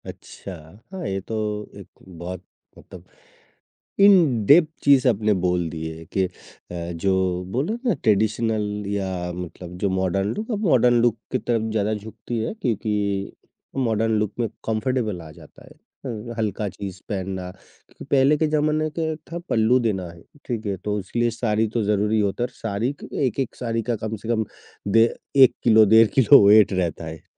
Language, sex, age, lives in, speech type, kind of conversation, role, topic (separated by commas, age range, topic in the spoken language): Hindi, male, 55-59, India, conversation, podcast, host, Festival ya shaadi mein apna unique look kaise plan karte ho?